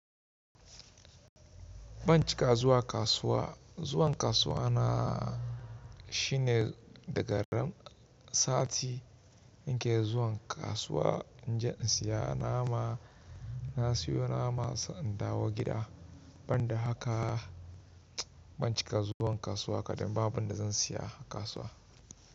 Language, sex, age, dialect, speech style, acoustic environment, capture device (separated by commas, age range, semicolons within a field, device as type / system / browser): Hausa, male, 25-34, Nigeria - Kano, relaxed, nature; construction, mobile / Linux / Chrome